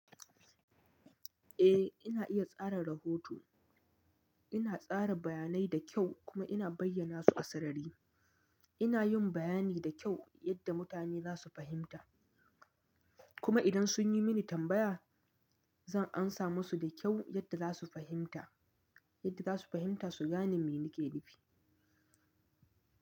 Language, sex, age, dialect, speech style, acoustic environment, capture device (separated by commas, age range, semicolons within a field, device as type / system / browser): Hausa, male, 25-34, Nigeria - Nigerian Standard, happy, silence, mobile / Linux / Chrome